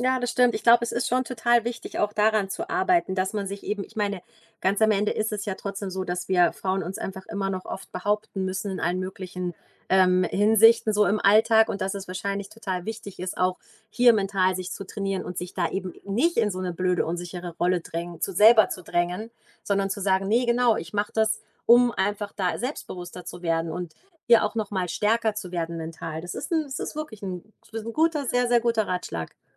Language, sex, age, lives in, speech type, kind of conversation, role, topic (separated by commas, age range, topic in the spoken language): German, female, 40-44, Germany, conversation, advice, user, Wodurch fühlst du dich im Fitnessstudio unsicher oder schämst dich, und in welchen Situationen ist dieses Gefühl am stärksten?